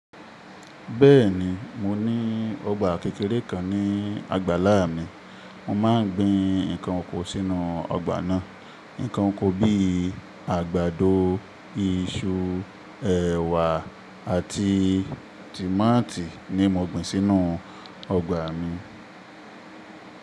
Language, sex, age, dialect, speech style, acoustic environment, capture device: Yoruba, male, 25-34, Nigeria - Ibadan, focused, appliances, mobile / Linux / Chrome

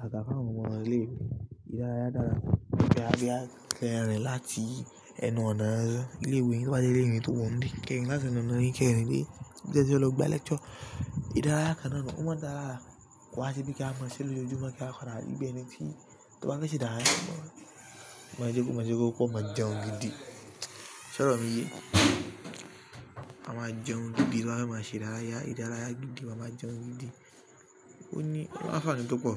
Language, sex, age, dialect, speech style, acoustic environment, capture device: Yoruba, male, 18-24, Nigeria - Lagos Yoruba, relaxed, people talking; appliances, mobile / Linux / Chrome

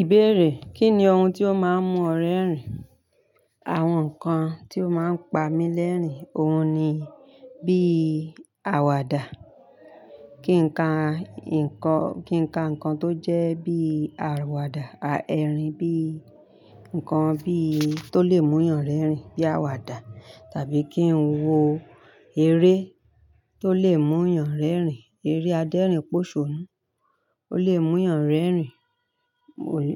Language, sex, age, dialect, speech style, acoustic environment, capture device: Yoruba, female, 35-44, Nigeria - Ibadan, happy; focused; relaxed, people talking; nature; appliances, mobile / Linux / Chrome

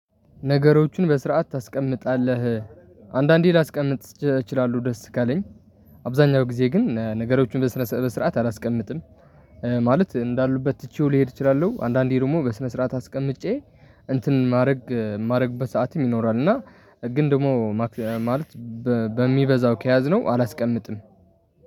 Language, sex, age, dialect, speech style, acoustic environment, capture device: Amharic, male, 18-24, Ethiopia - Tigray, relaxed, traffic; people talking, mobile / Linux / Chrome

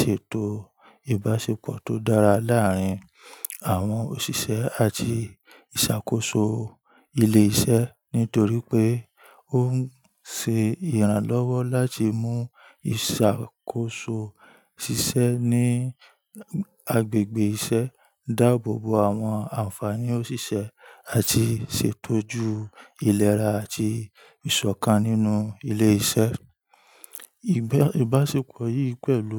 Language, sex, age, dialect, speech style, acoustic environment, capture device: Yoruba, male, 25-34, Nigeria - Ibadan, relaxed, nature; people talking, mobile / Linux / Chrome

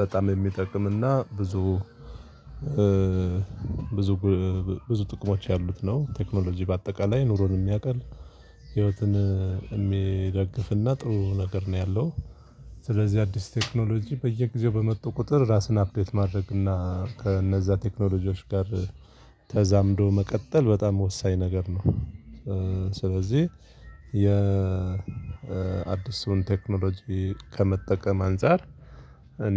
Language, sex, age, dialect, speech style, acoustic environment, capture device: Amharic, male, 35-44, Ethiopia - Addis Ababa, focused, people talking, mobile / Linux / Chrome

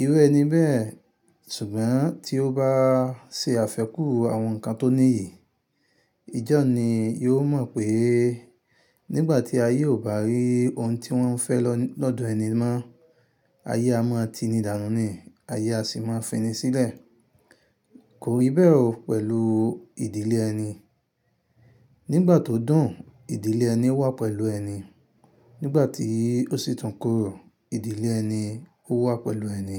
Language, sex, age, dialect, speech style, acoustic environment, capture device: Yoruba, male, 25-34, Nigeria - Ibadan, happy, nature; silence, mobile / Linux / Chrome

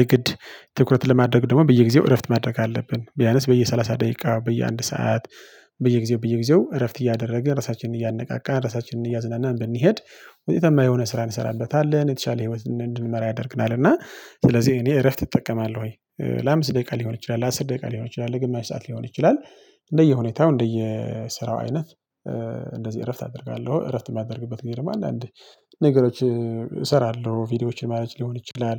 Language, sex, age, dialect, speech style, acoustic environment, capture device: Amharic, male, 25-34, Ethiopia - Tigray, relaxed, people talking, mobile / Linux / Chrome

